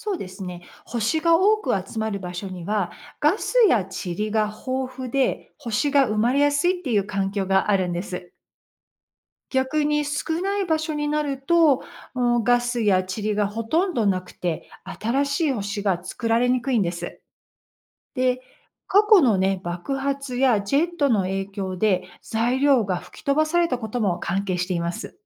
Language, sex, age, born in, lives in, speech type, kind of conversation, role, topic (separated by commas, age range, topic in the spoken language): Japanese, female, 55-59, United States, United States, read, expert-assistant, expert, 星は本当に“生まれて” “死ぬ”と聞きましたが、それはどうやって確かめられているのですか？